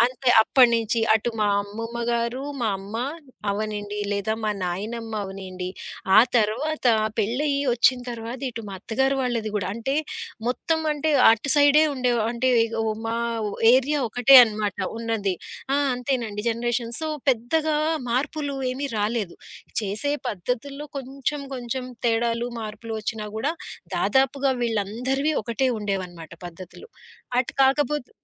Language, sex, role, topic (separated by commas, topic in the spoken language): Telugu, female, guest, మీ కుటుంబంలో తరాల నుంచి కొనసాగుతూ వచ్చిన వంటకాల గురించి చెప్పగలరా?